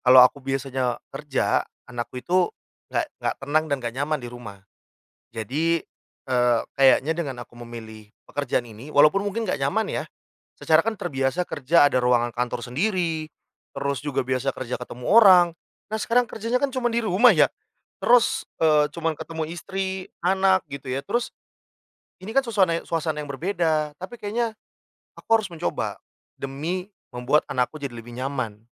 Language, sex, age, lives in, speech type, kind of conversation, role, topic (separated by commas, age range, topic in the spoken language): Indonesian, male, 30-34, Indonesia, conversation, podcast, guest, Bagaimana caramu keluar dari zona nyaman?